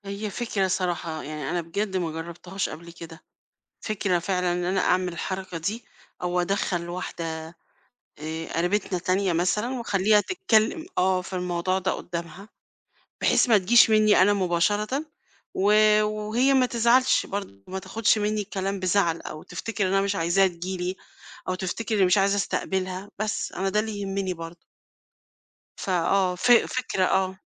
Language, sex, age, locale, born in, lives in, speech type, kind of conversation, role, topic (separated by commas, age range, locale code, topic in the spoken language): Arabic, female, 50-54, ar-EG, Egypt, Portugal, conversation, advice, user, إزاي أقدر أحط حدود صحية في علاقاتي الشخصية أو في الشغل؟